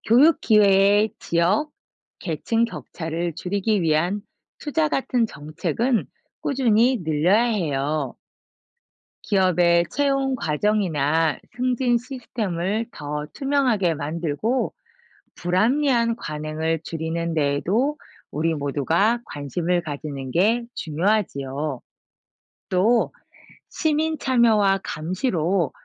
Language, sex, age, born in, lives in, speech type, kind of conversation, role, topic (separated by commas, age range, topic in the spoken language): Korean, female, 45-49, South Korea, France, read, expert-assistant, expert, 공정한 경쟁이 사회 발전에 미치는 영향은 무엇인가요?